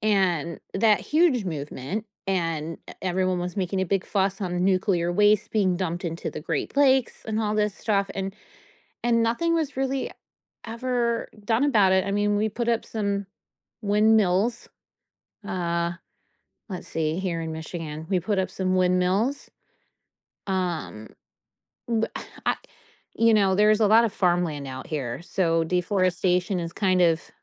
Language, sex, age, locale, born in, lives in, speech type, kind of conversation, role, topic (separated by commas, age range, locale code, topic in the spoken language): English, female, 40-44, en-US, United States, United States, conversation, podcast, guest, Why are healthy forests essential for the planet's well-being?